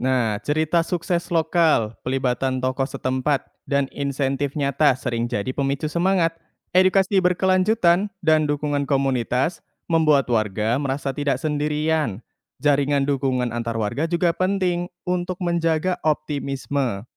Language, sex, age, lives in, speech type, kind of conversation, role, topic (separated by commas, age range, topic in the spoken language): Indonesian, male, 20-24, Indonesia, read, expert-assistant, expert, Bagaimana jika tiba-tiba semua terumbu karang di dunia menghilang? Apa dampaknya bagi lautan dan manusia?